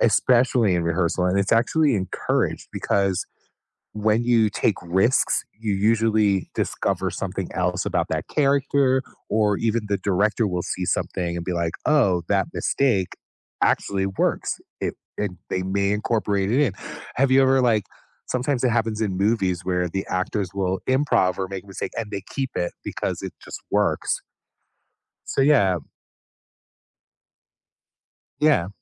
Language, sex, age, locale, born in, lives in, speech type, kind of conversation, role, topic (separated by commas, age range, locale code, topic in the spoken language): English, male, 50-54, en-US, United States, United States, conversation, podcast, host, How have mistakes at work helped you grow professionally?